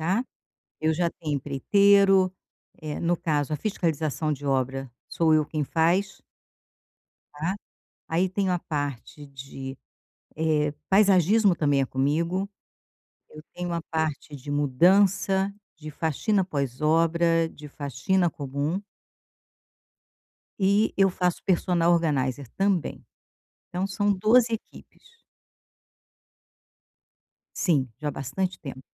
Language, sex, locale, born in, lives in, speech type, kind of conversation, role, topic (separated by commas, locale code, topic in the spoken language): Portuguese, female, pt-BR, Brazil, Portugal, conversation, advice, user, Como posso equilibrar tarefas urgentes com metas de longo prazo?